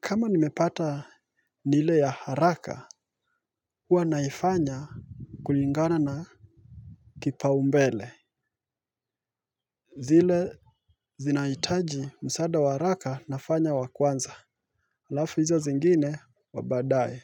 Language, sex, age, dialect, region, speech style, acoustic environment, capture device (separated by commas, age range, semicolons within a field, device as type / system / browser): Swahili, male, 25-34, Kenya - Mombasa, Kenya, focused, nature, mobile / Linux / Chrome